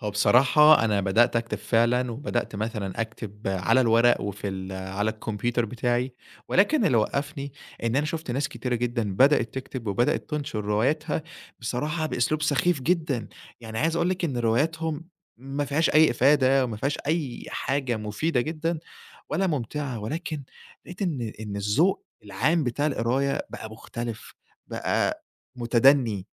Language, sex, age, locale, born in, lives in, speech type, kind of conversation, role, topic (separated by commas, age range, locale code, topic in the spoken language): Arabic, male, 20-24, ar-EG, Egypt, Egypt, conversation, podcast, guest, إيه الهواية اللي بتحس إنها استثمار لوقتك؟